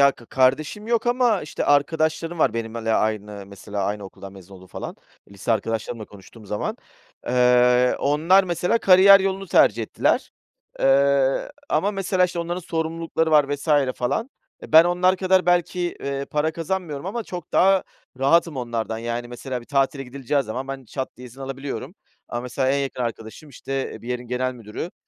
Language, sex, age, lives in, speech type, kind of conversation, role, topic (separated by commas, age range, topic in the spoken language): Turkish, male, 40-44, Greece, conversation, podcast, guest, Tutku mu para mı? Senin için hangisi daha önemli?